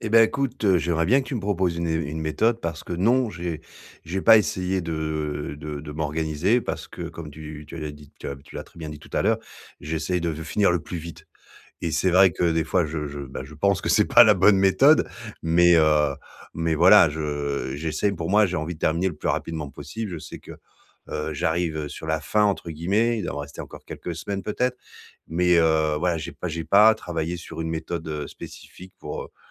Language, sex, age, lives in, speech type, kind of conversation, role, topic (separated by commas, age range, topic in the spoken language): French, male, 40-44, France, conversation, advice, user, Comment organiser mes pauses pour rester productif toute la journée ?